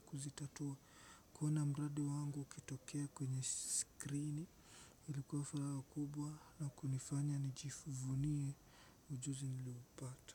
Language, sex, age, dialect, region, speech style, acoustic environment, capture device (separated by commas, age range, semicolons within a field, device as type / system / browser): Swahili, male, 18-24, Kenya - Mombasa, Kenya, relaxed, silence, desktop / Windows / Chrome